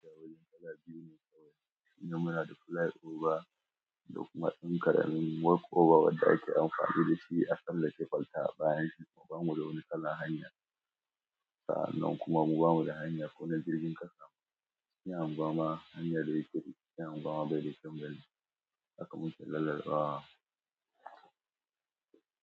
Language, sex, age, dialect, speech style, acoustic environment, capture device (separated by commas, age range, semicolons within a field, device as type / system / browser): Hausa, male, 25-34, Nigeria - Nigerian Standard, happy, nature, mobile / Android / Chrome